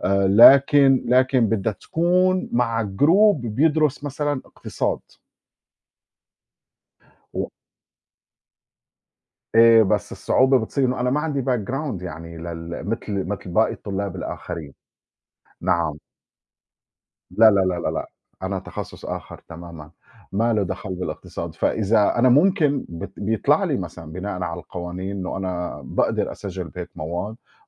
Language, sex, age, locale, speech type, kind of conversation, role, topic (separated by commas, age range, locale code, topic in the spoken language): Arabic, male, 40-44, ar-SY, conversation, unstructured, none, إنت إزاي اتعلمت مهارة جديدة الفترة اللي فاتت؟